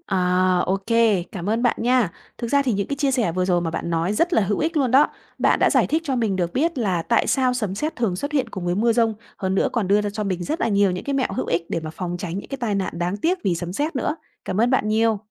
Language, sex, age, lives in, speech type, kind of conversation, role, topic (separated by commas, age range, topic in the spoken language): Vietnamese, female, 30-34, Vietnam, read, expert-assistant, asker, Tại sao sấm sét thường xuất hiện cùng mưa giông?